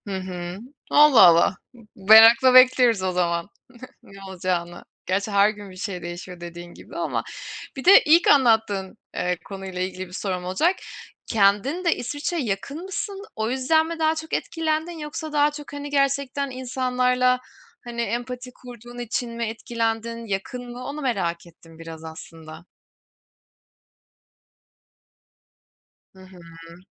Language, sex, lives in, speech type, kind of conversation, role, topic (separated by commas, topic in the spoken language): Turkish, female, Germany, conversation, unstructured, none, Son zamanlarda seni en çok etkileyen haber hangisiydi?